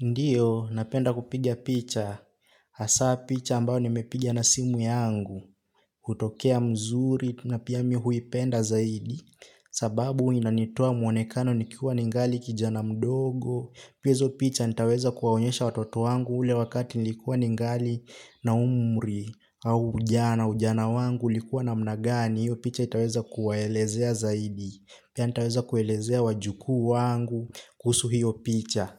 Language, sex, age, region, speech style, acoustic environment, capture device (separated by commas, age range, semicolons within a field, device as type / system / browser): Swahili, male, 18-24, Kenya, focused, silence; nature, desktop / Linux / Chrome